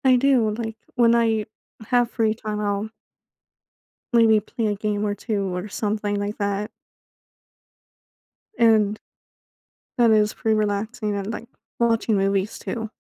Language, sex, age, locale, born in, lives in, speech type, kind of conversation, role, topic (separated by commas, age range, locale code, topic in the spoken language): English, female, 25-29, en-US, United States, United States, conversation, advice, user, How can I celebrate my recent achievement meaningfully?